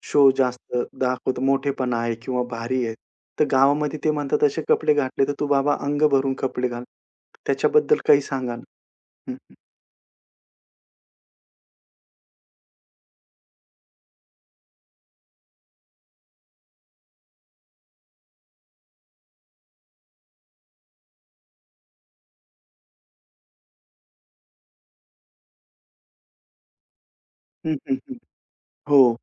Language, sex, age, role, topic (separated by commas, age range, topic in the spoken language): Marathi, male, 35-39, host, शहर आणि गावातील पोशाखाच्या शैलीत तुम्हाला कोणते फरक दिसतात?